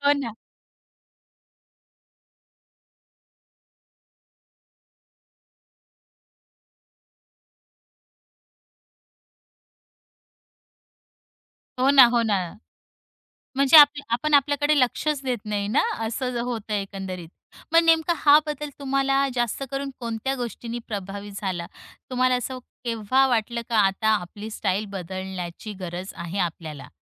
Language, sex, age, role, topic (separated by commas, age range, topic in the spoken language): Marathi, female, 35-39, host, कोणत्या गोष्टींमुळे तुमची स्टाइल बदलली आहे?